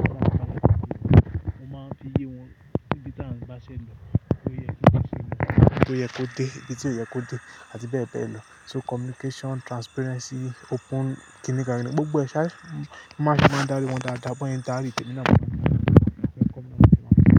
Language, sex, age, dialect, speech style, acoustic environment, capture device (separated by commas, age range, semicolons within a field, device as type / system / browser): Yoruba, male, 25-34, Nigeria - Lagos Yoruba, happy; tired, nature, mobile / Linux / Chrome